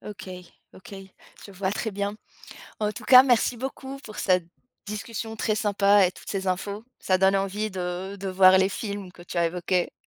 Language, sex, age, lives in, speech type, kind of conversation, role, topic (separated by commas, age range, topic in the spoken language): French, female, 35-39, France, conversation, podcast, host, Quel film t’a vraiment marqué, et pourquoi ?